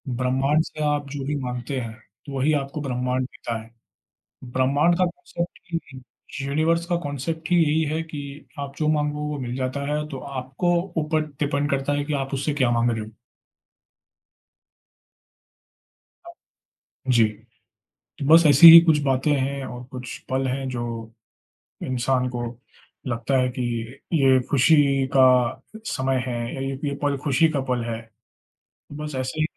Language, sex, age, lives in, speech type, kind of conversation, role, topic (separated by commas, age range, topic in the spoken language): Hindi, male, 25-29, India, conversation, unstructured, none, आपको सबसे ज़्यादा खुशी कब मिलती है?